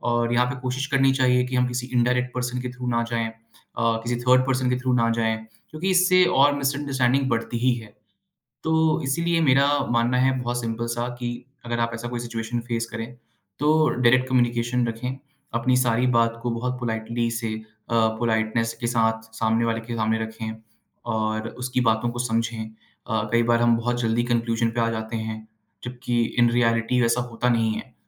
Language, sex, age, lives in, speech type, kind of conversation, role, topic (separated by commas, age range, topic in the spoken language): Hindi, male, 25-29, India, conversation, podcast, guest, Aapke experience mein WhatsApp groups ka social impact kya hota hai?